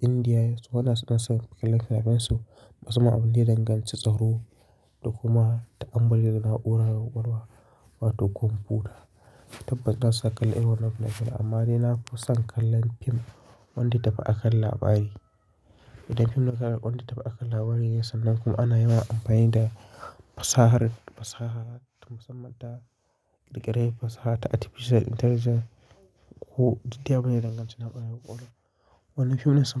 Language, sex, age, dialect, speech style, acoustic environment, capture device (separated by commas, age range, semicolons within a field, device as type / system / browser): Hausa, male, 25-34, Nigeria - Kano, focused, nature, mobile / Linux / Chrome